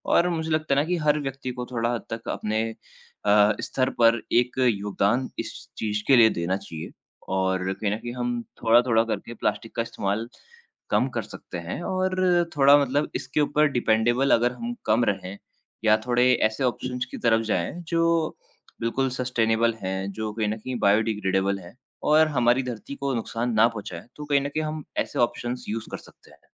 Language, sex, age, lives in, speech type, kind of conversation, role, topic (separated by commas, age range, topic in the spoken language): Hindi, male, 20-24, India, conversation, unstructured, none, क्या आप मानते हैं कि प्लास्टिक कचरा हमारी धरती के लिए एक बड़ा खतरा है?